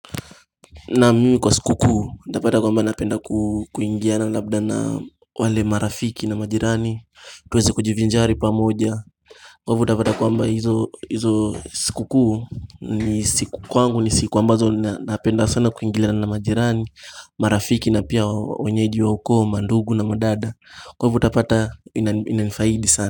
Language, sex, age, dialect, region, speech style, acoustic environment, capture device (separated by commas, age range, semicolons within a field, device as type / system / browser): Swahili, male, 18-24, Kenya - Mombasa, Kenya, happy, nature; people talking; music; traffic, mobile / Linux / Chrome